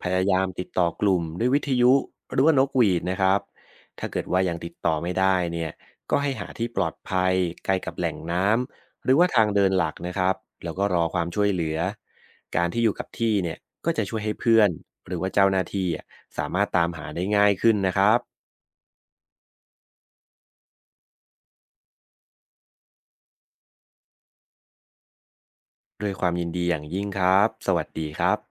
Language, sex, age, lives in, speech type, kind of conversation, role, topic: Thai, male, 30-34, Thailand, read, expert-assistant, expert, จะวางแผนเดินป่าครั้งแรกให้สนุกและปลอดภัยได้อย่างไรบ้าง?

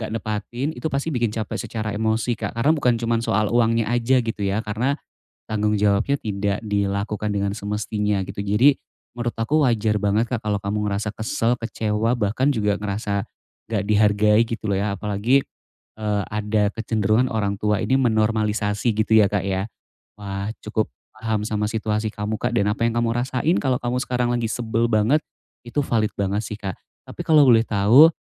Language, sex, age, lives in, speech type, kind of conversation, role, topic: Indonesian, male, 35-39, Indonesia, conversation, advice, advisor, Bagaimana menyelesaikan konflik dengan saudara tanpa memperburuk hubungan keluarga?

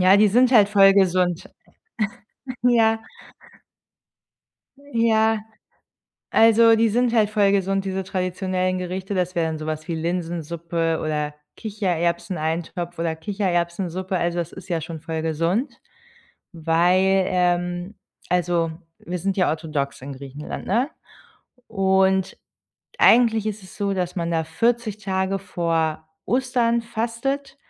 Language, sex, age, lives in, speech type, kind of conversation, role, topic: German, female, 30-34, Germany, conversation, podcast, guest, Wie kombinierst du moderne und traditionelle Elemente?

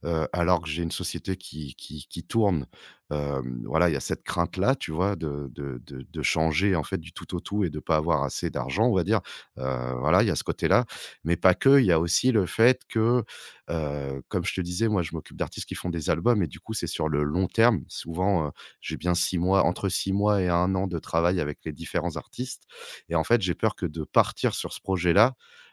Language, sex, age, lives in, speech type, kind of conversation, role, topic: French, male, 35-39, France, conversation, advice, user, Comment surmonter la peur de commencer un nouveau projet malgré l’envie ?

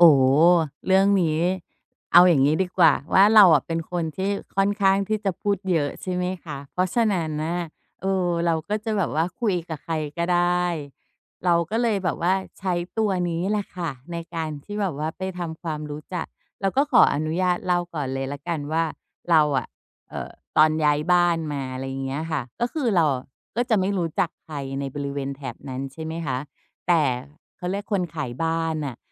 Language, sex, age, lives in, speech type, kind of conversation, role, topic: Thai, female, 45-49, Thailand, conversation, podcast, guest, คุณจะสร้างความสัมพันธ์กับเพื่อนบ้านอย่างไรให้เกิดความไว้ใจ?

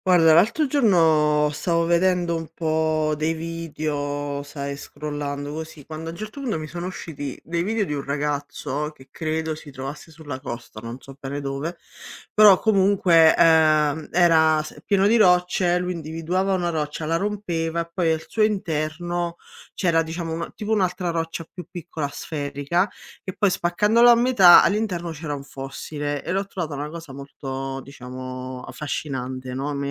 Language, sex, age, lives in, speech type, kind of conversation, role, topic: Italian, female, 30-34, Italy, read, expert-assistant, asker, Come si formano i fossili?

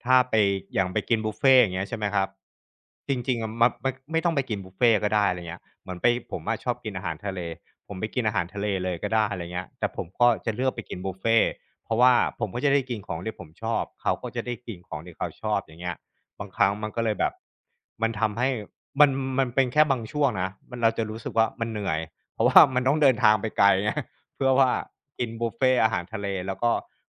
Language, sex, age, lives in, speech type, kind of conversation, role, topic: Thai, male, 35-39, Thailand, conversation, advice, user, จะแยกแยะความต้องการกับของรางวัลที่ช่วยสร้างนิสัยได้อย่างไร?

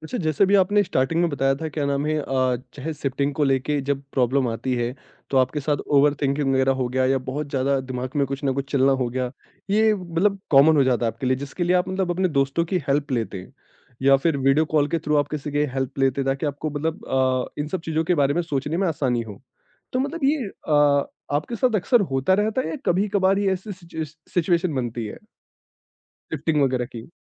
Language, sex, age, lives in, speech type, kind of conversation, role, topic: Hindi, male, 25-29, India, conversation, podcast, host, Jab sab kuch change ho raha ho toh peace kaise banaye rakhte ho?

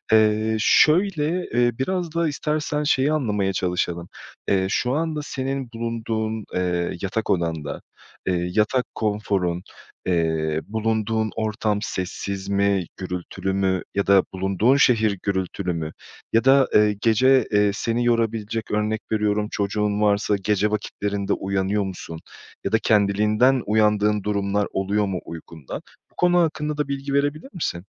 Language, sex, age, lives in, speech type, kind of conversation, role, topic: Turkish, male, 30-34, Portugal, conversation, advice, advisor, Düzenli bir uyku düzeni oluşturmakta neden zorlanıyorum?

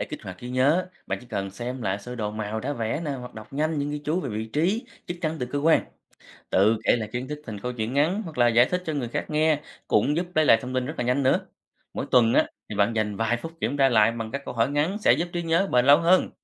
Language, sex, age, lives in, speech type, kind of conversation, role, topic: Vietnamese, male, 30-34, Vietnam, read, expert-assistant, expert, Làm sao để ghi nhớ tốt kiến thức về các cơ quan trong cơ thể?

